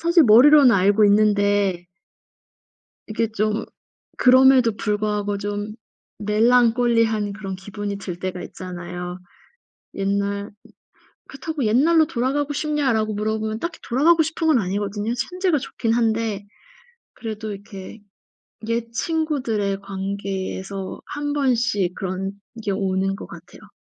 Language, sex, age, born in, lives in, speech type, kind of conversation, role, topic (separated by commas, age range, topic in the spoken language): Korean, female, 35-39, South Korea, Germany, conversation, advice, user, 친구와의 우정이 점점 멀어지는 것이 왜 이렇게 슬픈가요?